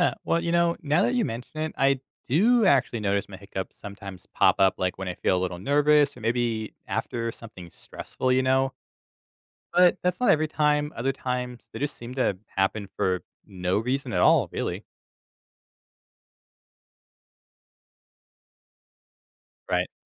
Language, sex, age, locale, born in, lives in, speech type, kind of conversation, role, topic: English, male, 25-29, en-US, United States, United States, read, expert-assistant, asker, What causes hiccups and how can they be prevented or stopped?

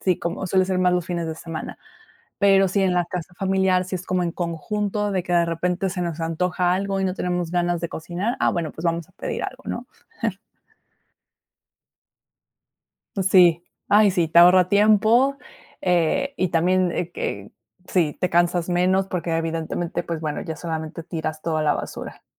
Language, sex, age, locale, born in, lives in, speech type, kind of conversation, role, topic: Spanish, female, 30-34, es-MX, Mexico, Mexico, conversation, podcast, guest, ¿Qué opinas de pedir comida a domicilio por aplicaciones?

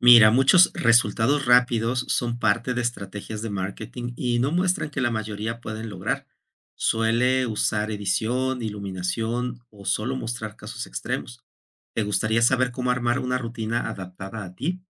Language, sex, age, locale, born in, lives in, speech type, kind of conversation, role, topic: Spanish, male, 55-59, es-MX, Mexico, Mexico, read, expert-assistant, expert, ¿Cómo puedo saber si una tendencia de fitness que veo en redes sociales está respaldada por expertos o estudios serios?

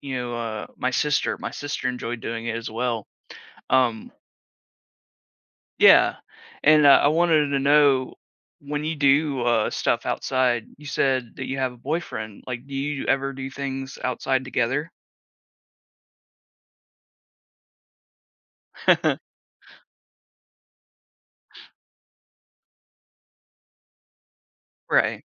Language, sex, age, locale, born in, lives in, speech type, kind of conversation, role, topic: English, male, 30-34, en-US, United States, United States, conversation, podcast, host, How does spending time in nature impact your well-being and perspective?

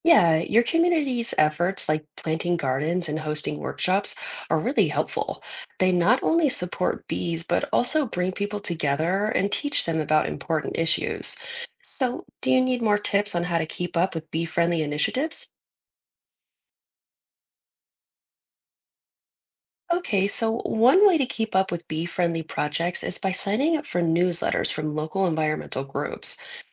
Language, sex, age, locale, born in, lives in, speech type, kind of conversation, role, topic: English, female, 30-34, en-US, United States, United States, read, expert-assistant, expert, What role do bees play in our ecosystem?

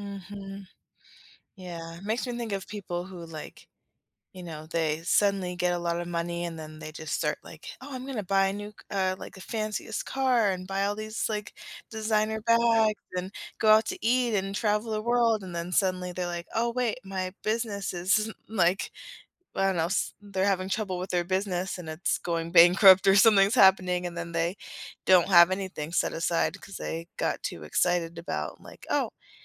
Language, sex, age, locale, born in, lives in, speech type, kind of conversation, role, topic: English, female, 30-34, en-US, United States, United States, conversation, podcast, host, How have your professional setbacks shaped your growth and perspective?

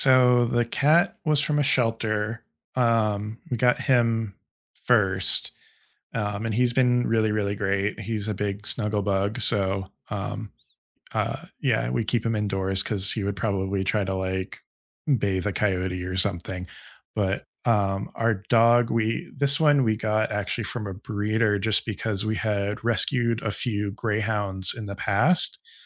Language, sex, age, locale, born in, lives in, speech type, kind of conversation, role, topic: English, male, 35-39, en-US, United States, United States, conversation, unstructured, none, Which nature spots leave you feeling recharged, and what helps you reset when you’re there?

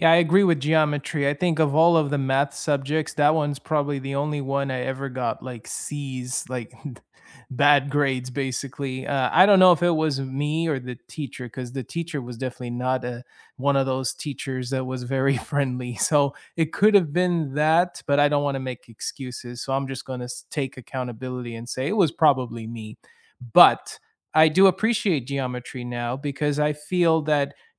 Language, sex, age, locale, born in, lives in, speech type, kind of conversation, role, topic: English, male, 30-34, en-US, United States, United States, conversation, unstructured, none, Which school subject did you once dread but later appreciate, and what changed your perspective?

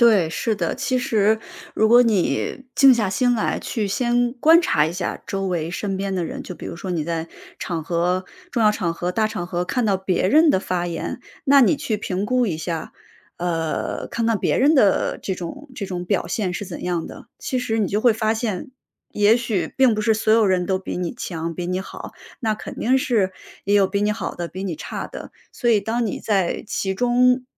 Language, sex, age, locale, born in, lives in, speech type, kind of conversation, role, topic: Chinese, female, 35-39, zh-CN, China, United States, conversation, advice, advisor, 你害怕在公众场合演讲或在会议中发言吗？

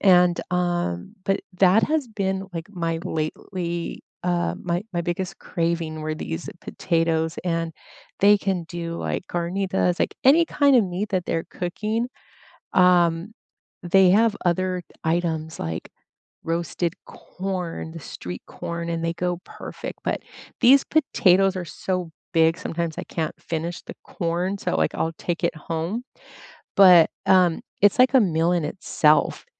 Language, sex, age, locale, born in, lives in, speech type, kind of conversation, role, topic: English, female, 50-54, en-US, United States, United States, conversation, unstructured, none, What are your favorite street foods, and how do you find the best places to buy them?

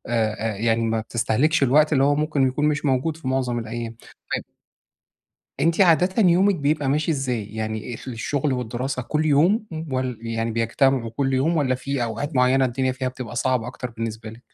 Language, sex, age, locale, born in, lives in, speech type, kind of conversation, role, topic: Arabic, male, 40-44, ar-EG, Egypt, Egypt, conversation, advice, advisor, إزاي أبدأ أختار وصفات سريعة ومغذية تناسب الأيام المزدحمة؟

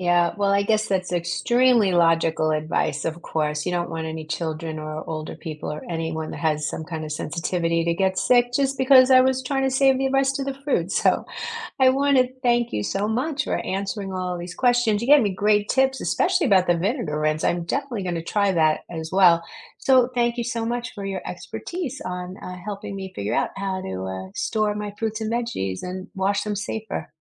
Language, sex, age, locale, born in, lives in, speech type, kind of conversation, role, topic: English, female, 60-64, en-US, United States, United States, read, expert-assistant, asker, Is rinsing produce under running water as safe and effective as using a fruit and vegetable wash for removing pesticides and bacteria?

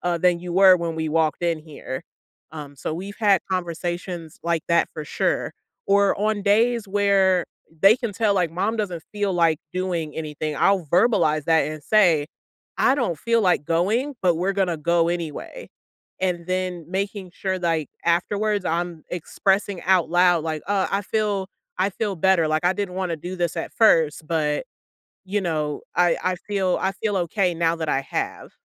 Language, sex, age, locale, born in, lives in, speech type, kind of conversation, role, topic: English, female, 30-34, en-US, United States, United States, conversation, podcast, guest, What are some realistic ways to stay active when life gets busy?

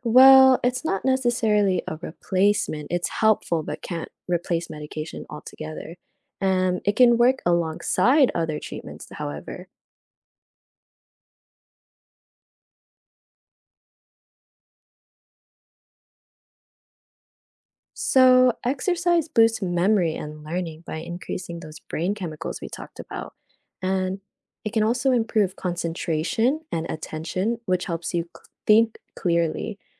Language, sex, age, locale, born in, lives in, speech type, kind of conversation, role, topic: English, female, 20-24, en-US, United States, United States, read, expert-assistant, expert, What are the benefits of regular exercise for mental health?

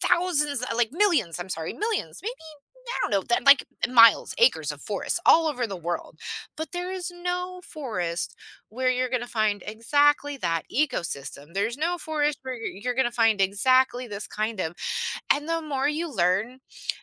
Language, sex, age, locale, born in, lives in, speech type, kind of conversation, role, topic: English, female, 40-44, en-US, United States, United States, conversation, podcast, guest, Why are healthy forests essential for both nature and people?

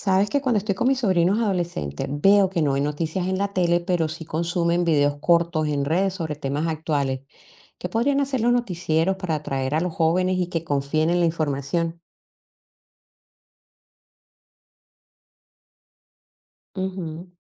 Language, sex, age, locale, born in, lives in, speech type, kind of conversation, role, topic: Spanish, female, 55-59, es-CO, Colombia, United States, read, expert-assistant, asker, ¿Cómo podríamos rediseñar los noticieros para que sean más atractivos y confiables para las nuevas generaciones?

